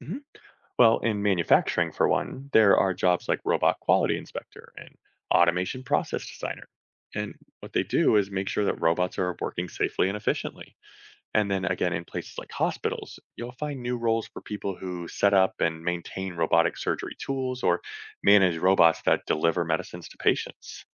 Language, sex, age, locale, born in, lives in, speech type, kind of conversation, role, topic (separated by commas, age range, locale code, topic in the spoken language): English, male, 35-39, en-US, United States, United States, read, expert-assistant, expert, How do advancements in robotics change the workforce landscape?